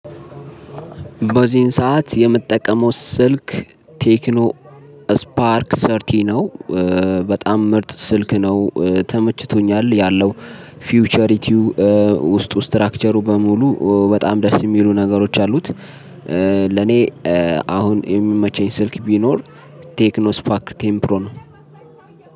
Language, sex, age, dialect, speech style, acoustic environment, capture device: Amharic, male, 25-34, Ethiopia - Addis Ababa, happy; relaxed, music; people talking, mobile / Linux / Chrome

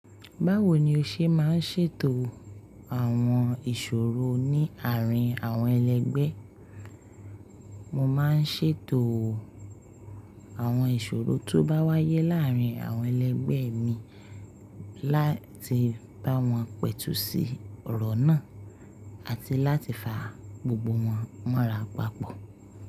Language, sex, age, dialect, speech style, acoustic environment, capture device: Yoruba, female, 25-34, Nigeria - Lagos Yoruba, happy; focused, appliances, mobile / Linux / Chrome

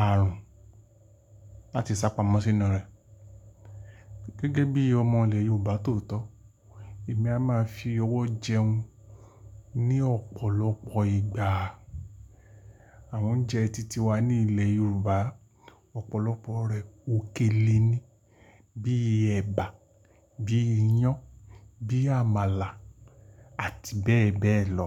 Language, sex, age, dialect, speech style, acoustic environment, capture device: Yoruba, male, 45-54, Nigeria - Lagos Yoruba, happy; relaxed, silence; nature, mobile / Linux / Chrome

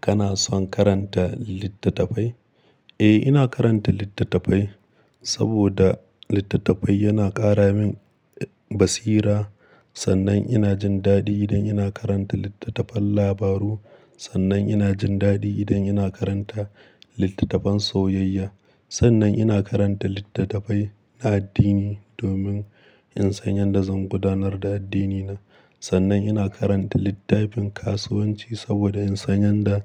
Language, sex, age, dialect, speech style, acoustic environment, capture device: Hausa, male, 25-34, Nigeria - Kano, happy, nature; silence; appliances, mobile / Linux / Chrome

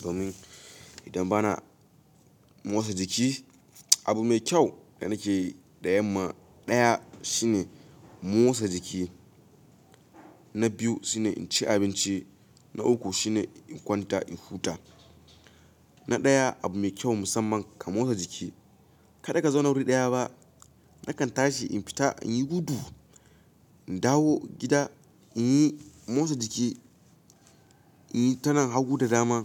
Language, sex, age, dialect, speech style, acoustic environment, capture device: Hausa, male, 18-24, Nigeria - Kano, happy, silence, mobile / Linux / Chrome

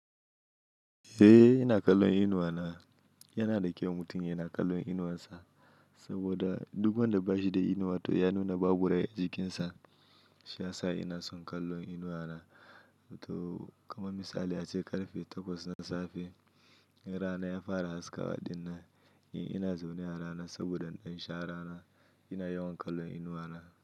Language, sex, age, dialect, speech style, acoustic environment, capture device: Hausa, male, 25-34, Nigeria - Nigerian Standard, happy, silence; nature, mobile / Linux / Chrome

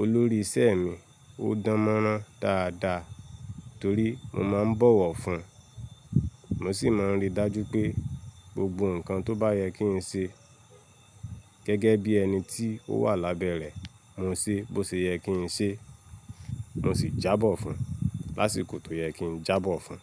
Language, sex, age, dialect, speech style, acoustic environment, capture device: Yoruba, male, 25-34, Nigeria - Lagos Yoruba, relaxed, nature, mobile / Linux / Chrome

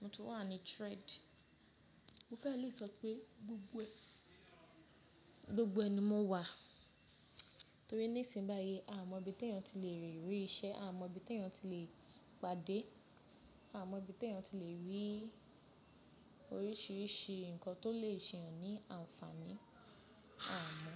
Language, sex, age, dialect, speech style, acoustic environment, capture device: Yoruba, female, 25-34, Nigeria - Lagos Yoruba, happy, nature, mobile / Linux / Chrome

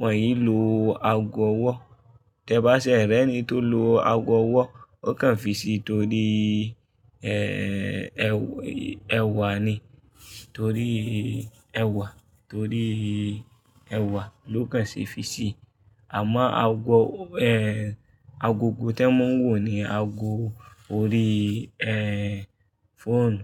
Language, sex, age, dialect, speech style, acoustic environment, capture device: Yoruba, male, 25-34, Nigeria - Kano, happy; focused, people talking; traffic; appliances, mobile / Linux / Chrome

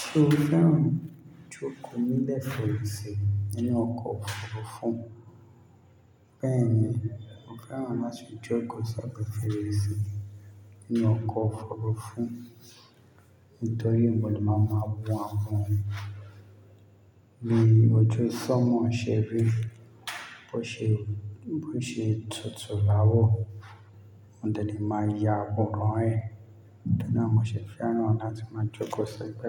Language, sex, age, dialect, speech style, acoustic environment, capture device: Yoruba, male, 25-34, Nigeria - Lagos Yoruba, happy; relaxed; focused, silence, mobile / Linux / Chrome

